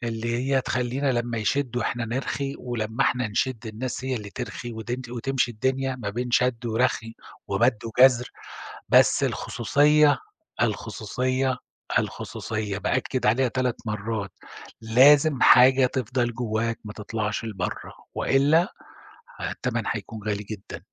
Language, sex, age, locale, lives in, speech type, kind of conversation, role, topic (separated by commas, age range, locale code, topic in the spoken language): Arabic, male, 50-54, ar-SA, Germany, conversation, podcast, guest, إيه دور العيلة والصحاب في قراراتك؟